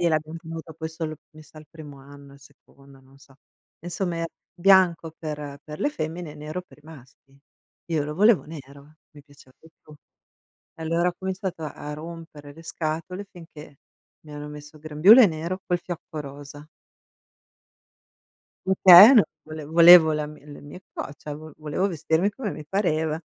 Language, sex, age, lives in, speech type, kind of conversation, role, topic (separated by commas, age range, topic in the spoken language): Italian, female, 45-49, United States, conversation, unstructured, none, In che modo il tuo primo giorno di scuola ti ha influenzato?